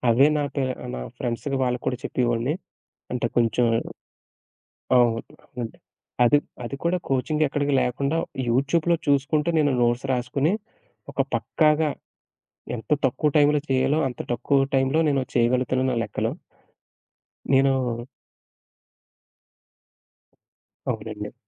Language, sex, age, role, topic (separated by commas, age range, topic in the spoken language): Telugu, male, 30-34, guest, ఒక్కసారిగా తీసుకున్న ఒక నిర్ణయం మీ జీవితాన్ని ఎలా మలిచింది?